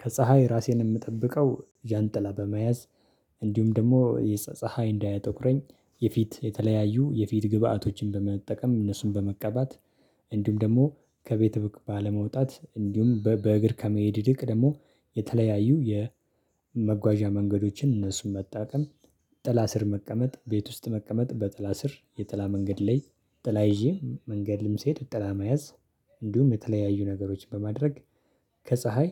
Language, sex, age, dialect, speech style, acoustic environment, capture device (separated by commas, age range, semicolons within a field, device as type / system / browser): Amharic, male, 25-34, Ethiopia - Addis Ababa, happy, silence, desktop / Linux / Chrome